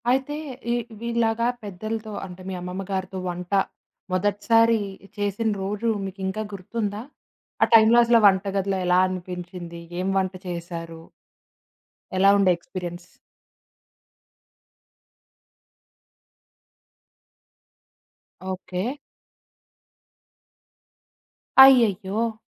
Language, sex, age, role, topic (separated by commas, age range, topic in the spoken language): Telugu, female, 20-24, host, పెద్దలతో కలిసి వంట చేస్తూ మీరు ఏమేం నేర్చుకున్నారు?